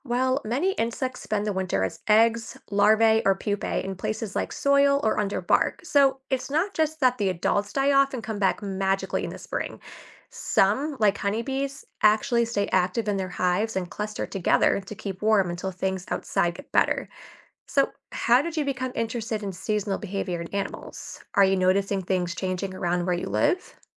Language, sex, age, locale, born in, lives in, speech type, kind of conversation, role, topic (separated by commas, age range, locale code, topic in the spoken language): English, female, 25-29, en-US, United States, United States, read, expert-assistant, expert, How do seasons influence animal migration and behavior?